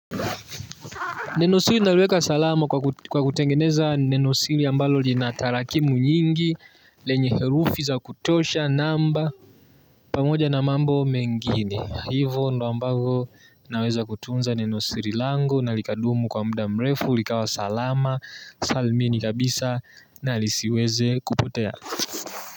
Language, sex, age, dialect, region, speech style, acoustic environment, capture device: Swahili, male, 18-24, Tanzania - Dar es Salaam, Tanzania, nervous, nature, mobile / Linux / Chrome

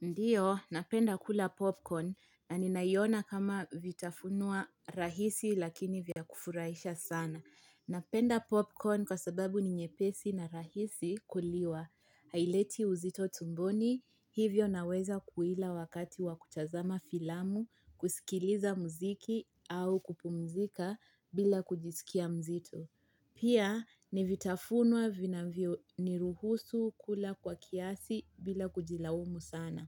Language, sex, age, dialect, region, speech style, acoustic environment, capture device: Swahili, female, 25-34, Kenya - Mombasa, Kenya, relaxed, nature, mobile / Linux / Chrome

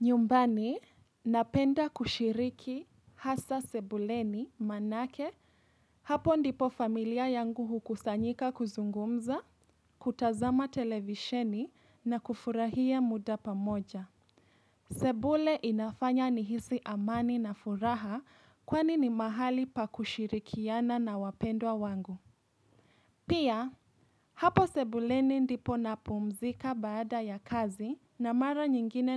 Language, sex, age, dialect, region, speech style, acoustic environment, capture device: Swahili, female, 18-24, Kenya - Nairobi Sheng-influenced, Kenya, relaxed; happy, nature; appliances, mobile / Linux / Chrome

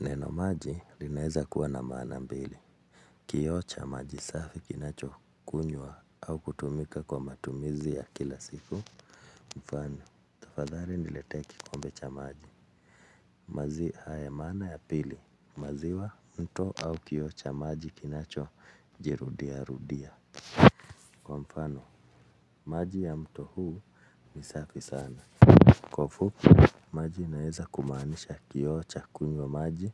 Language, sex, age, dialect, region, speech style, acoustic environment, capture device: Swahili, male, 35-44, Kenya - Nairobi Sheng-influenced, Kenya, focused, silence, mobile / Linux / Chrome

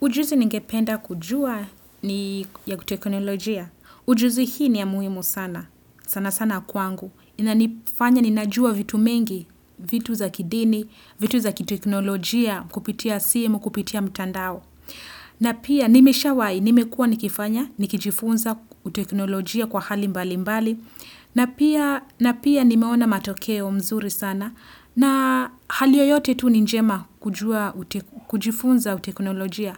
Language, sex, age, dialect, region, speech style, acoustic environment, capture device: Swahili, female, 25-34, Kenya - Nairobi Sheng-influenced, Kenya, happy, silence, desktop / Windows / Chrome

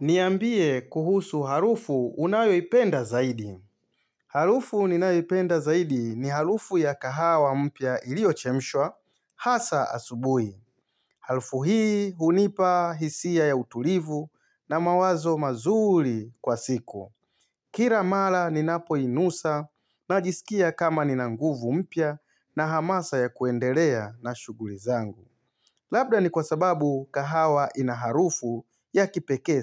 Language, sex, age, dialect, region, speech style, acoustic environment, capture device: Swahili, male, 35-44, Tanzania - Dar es Salaam, Tanzania, focused; excited; happy; relaxed, silence, mobile / Linux / Chrome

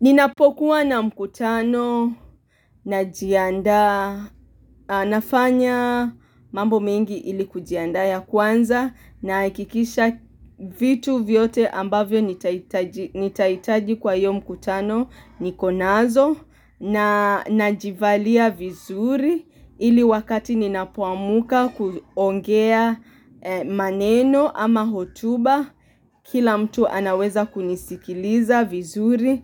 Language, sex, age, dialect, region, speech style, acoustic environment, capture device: Swahili, female, 25-34, Kenya - Mombasa, Kenya, happy; relaxed, nature, mobile / Linux / Chrome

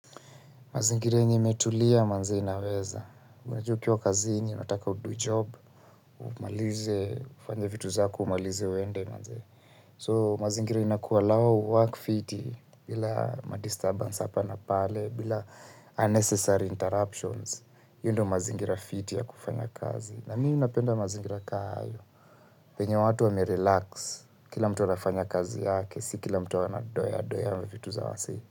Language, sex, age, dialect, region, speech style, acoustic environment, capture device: Swahili, male, 25-34, Kenya - Nairobi Sheng-influenced, Kenya, relaxed, silence, mobile / Linux / Chrome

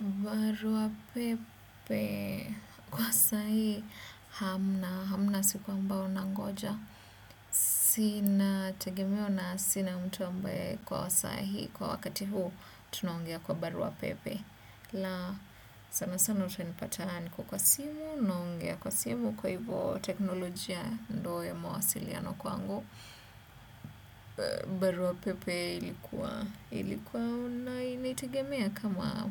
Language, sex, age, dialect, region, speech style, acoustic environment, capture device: Swahili, female, 25-34, Kenya - Nairobi Sheng-influenced, Kenya, excited; focused; nervous, appliances; silence, desktop / Windows / Chrome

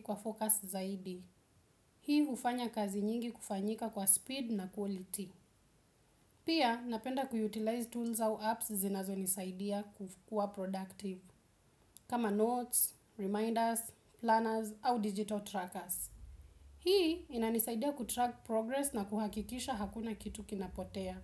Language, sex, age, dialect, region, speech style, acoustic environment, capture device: Swahili, female, 18-24, Kenya - Nairobi Sheng-influenced, Kenya, relaxed; focused, silence; nature, desktop / Windows / Chrome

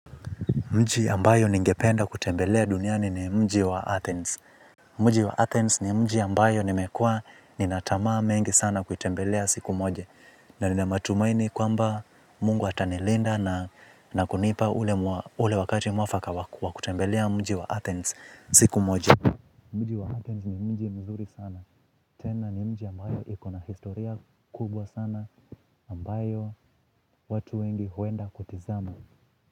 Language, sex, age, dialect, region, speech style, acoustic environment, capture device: Swahili, male, 25-34, Kenya - Mombasa, Kenya, happy, nature, mobile / Linux / Chrome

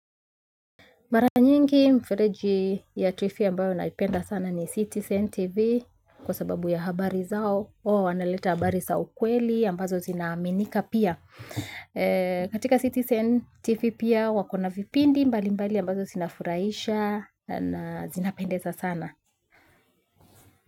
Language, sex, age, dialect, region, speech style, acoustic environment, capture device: Swahili, female, 25-34, Kenya - Nairobi Sheng-influenced, Kenya, happy; excited, silence, mobile / Linux / Chrome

